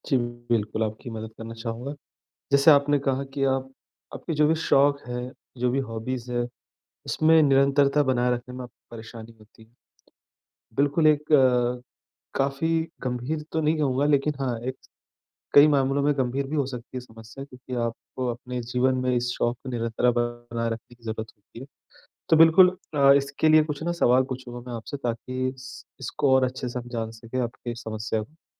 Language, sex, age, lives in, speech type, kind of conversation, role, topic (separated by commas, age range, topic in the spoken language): Hindi, male, 35-39, India, conversation, advice, advisor, मैं अपने शौक में लगातार रुचि और निरंतरता कैसे बनाए रखूँ?